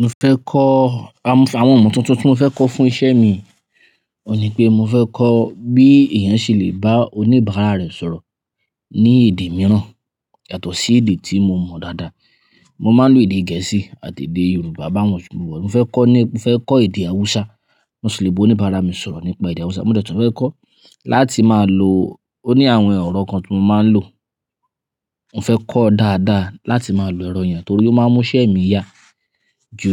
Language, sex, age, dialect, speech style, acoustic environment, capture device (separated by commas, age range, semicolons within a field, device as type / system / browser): Yoruba, male, 25-34, Nigeria - Ibadan, happy, nature, mobile / Linux / Chrome